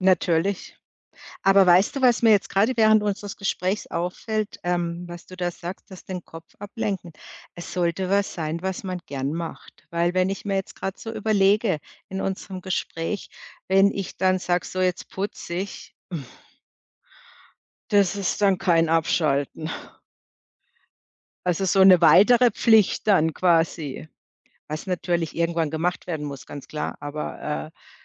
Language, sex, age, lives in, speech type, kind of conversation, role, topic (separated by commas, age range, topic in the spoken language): German, female, 55-59, Germany, conversation, podcast, host, Wie beendest du deinen Arbeitstag, damit du wirklich abschalten kannst?